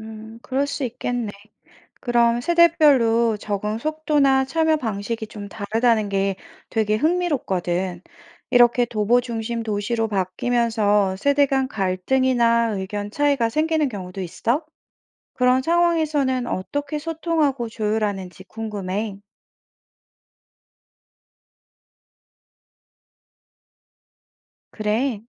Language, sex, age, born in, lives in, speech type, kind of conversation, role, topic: Korean, female, 40-44, South Korea, France, read, expert-assistant, asker, 도보 중심 도시와 자동차 중심 도시—어떤 도시 구조가 주민의 삶의 질, 건강, 공동체 의식에 더 도움이 될까요?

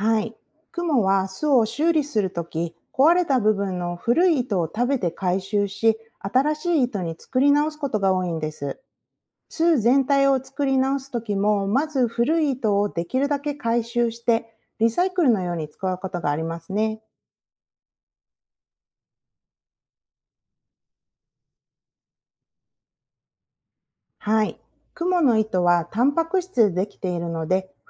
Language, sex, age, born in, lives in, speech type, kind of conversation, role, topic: Japanese, female, 40-44, Japan, United States, read, expert-assistant, expert, なぜクモは巣を作るのか？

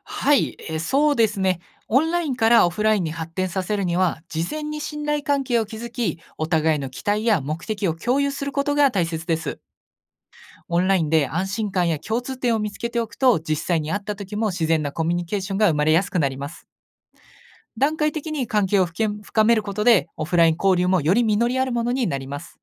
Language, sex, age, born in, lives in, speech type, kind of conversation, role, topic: Japanese, male, 20-24, Japan, Japan, read, expert-assistant, expert, 国と国がもっと仲良くなるために、今までにない方法を考えるとしたら、どんなアイデアがあると思いますか？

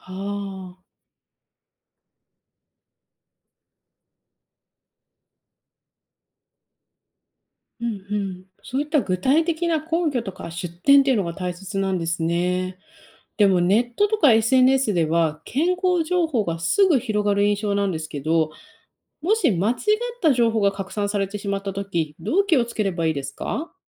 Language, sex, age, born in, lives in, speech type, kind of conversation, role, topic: Japanese, female, 30-34, Japan, United States, read, expert-assistant, asker, ニュースで見る健康情報は、なぜ専門家によって意見が違うことが多いのでしょうか？その理由が知りたいです。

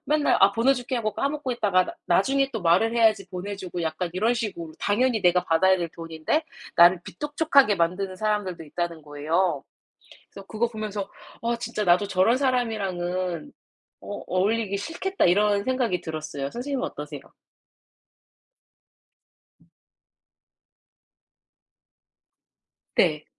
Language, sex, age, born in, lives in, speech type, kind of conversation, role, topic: Korean, female, 30-34, South Korea, United States, conversation, unstructured, none, 당신이 생각하는 좋은 친구는 어떤 사람인가요?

